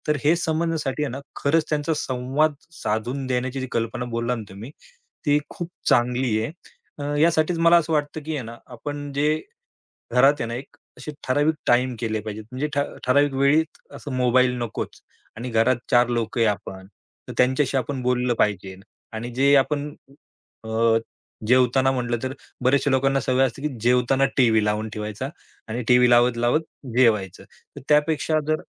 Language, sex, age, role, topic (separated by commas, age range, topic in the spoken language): Marathi, male, 35-39, guest, कुटुंबातील संवादामुळे गैरसमज कसे निर्माण होतात?